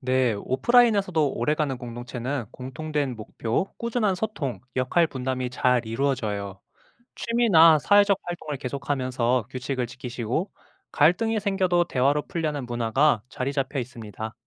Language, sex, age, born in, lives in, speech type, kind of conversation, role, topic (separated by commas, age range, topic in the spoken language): Korean, male, 25-29, South Korea, Japan, read, expert-assistant, expert, 요즘 사람들이 온라인에서 어떻게 새로운 공동체를 만들어 가는지 이야기해 볼까요?